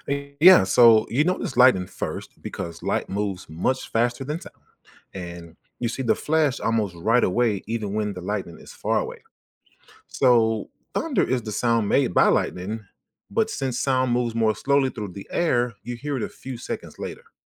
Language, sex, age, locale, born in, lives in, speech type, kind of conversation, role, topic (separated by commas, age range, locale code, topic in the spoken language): English, male, 40-44, en-US, United States, United States, read, expert-assistant, expert, Why does lightning appear before thunder is heard?